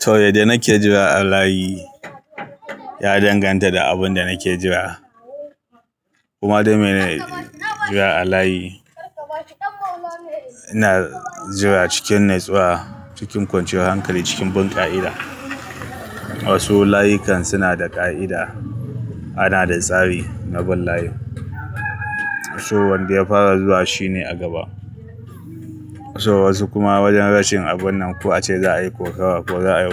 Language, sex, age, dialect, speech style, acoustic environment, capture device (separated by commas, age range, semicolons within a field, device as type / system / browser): Hausa, male, 35-44, Nigeria - Kano, happy, people talking, mobile / Linux / Chrome